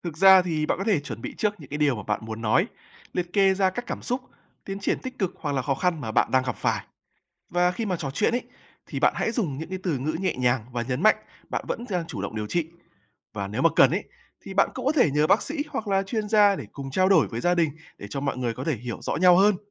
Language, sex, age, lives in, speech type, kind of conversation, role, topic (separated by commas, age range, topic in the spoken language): Vietnamese, male, 20-24, Vietnam, read, expert-assistant, expert, Khi đứng trước lựa chọn điều trị, làm sao để biết đâu là quyết định phù hợp với sức khỏe lâu dài của mình?